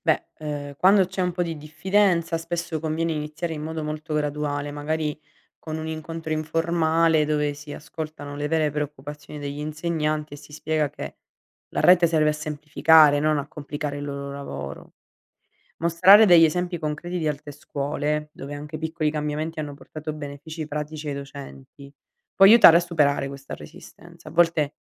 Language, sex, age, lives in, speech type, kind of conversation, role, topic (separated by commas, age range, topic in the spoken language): Italian, female, 60-64, Italy, read, expert-assistant, expert, Come può una scuola promuovere la salute mentale con risorse limitate?